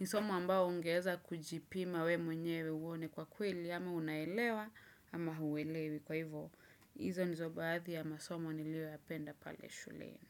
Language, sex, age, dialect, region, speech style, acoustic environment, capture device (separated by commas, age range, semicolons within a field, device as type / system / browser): Swahili, female, 25-34, Kenya - Nairobi Sheng-influenced, Kenya, focused, silence, desktop / Windows / Chrome